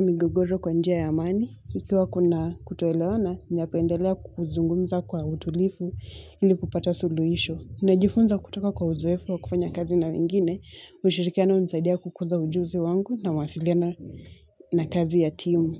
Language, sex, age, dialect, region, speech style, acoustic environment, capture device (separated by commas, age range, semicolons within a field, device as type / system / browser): Swahili, female, 18-24, Kenya - Mombasa, Kenya, focused; relaxed; anxious, people talking; construction; nature, mobile / Linux / Chrome